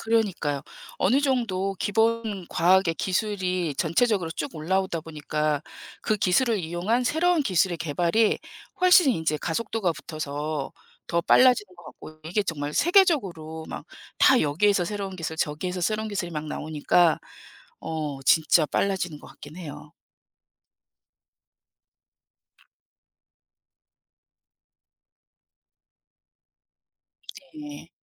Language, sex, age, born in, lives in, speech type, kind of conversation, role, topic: Korean, female, 55-59, South Korea, United States, conversation, unstructured, none, 과학이 우리 삶을 어떻게 바꾸었다고 생각하시나요?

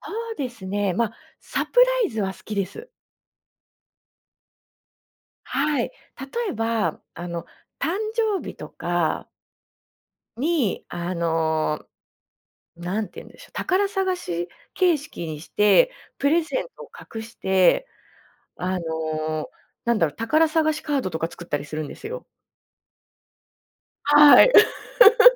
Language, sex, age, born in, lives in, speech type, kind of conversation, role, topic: Japanese, female, 35-39, Japan, Japan, conversation, podcast, guest, 遊び心を日常の中で保ち続けるには、どんな工夫をしていますか？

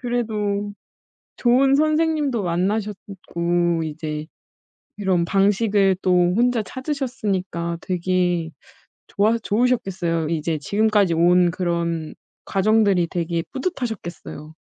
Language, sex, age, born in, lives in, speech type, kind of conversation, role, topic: Korean, female, 25-29, South Korea, South Korea, conversation, podcast, host, 취미를 꾸준히 하는 비결이 뭐야?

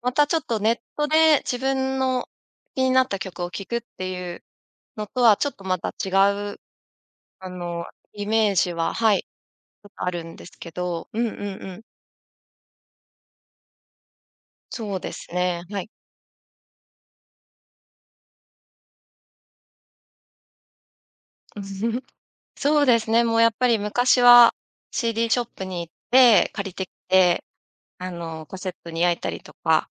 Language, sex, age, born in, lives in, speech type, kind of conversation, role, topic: Japanese, female, 35-39, Japan, Japan, conversation, podcast, guest, 新しい曲をどこで探していますか？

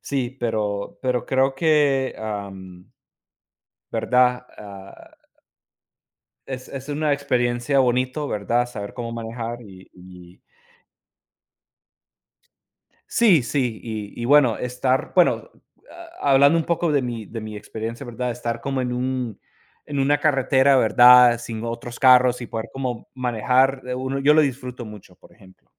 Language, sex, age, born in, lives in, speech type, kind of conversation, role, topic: Spanish, male, 30-34, United States, United States, conversation, unstructured, none, Si pudieras cambiar de lugar con cualquier persona por un día, ¿a quién elegirías?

